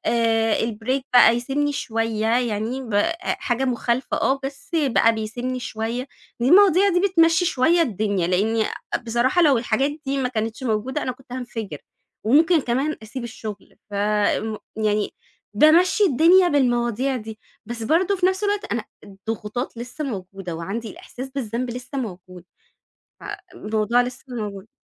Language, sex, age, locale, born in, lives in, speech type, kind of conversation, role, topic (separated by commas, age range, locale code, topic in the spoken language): Arabic, female, 30-34, ar-EG, Egypt, Egypt, conversation, advice, user, إزاي بتتعامل مع إحساسك بالذنب عشان الشغل مخلّيك مقصّر مع العيلة؟